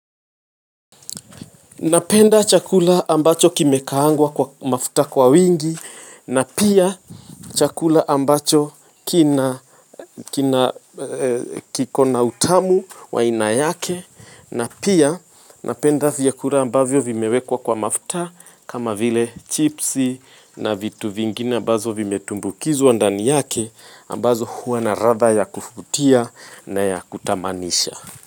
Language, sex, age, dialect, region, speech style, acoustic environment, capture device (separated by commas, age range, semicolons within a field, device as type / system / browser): Swahili, female, 25-34, Kenya - Nairobi Sheng-influenced, Kenya, happy; relaxed, people talking; nature; music; silence, mobile / Linux / Chrome